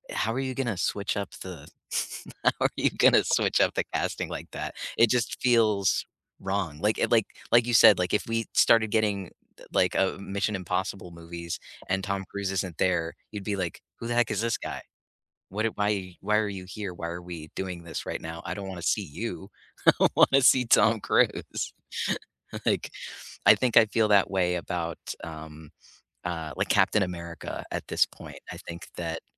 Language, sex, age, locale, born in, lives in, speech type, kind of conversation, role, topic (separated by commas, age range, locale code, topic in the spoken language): English, male, 25-29, en-US, United States, United States, conversation, unstructured, none, How do you judge a movie when a beloved actor feels miscast—by the casting, the performance, or the film overall?